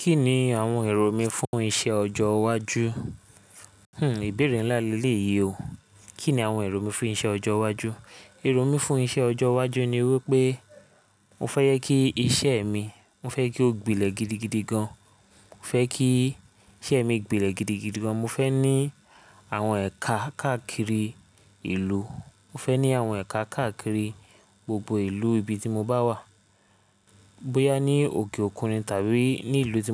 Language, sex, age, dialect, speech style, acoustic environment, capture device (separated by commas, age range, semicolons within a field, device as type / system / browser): Yoruba, male, 25-34, Nigeria - Lagos Yoruba, stressed; relaxed, silence, mobile / Linux / Chrome